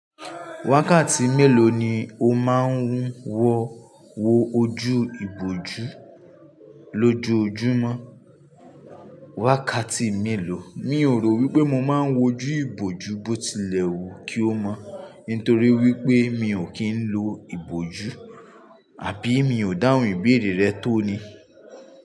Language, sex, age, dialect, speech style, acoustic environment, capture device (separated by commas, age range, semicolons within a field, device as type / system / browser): Yoruba, male, 25-34, Nigeria - Ibadan, anxious; relaxed; focused; excited, traffic; construction; appliances; people talking; nature, mobile / Linux / Chrome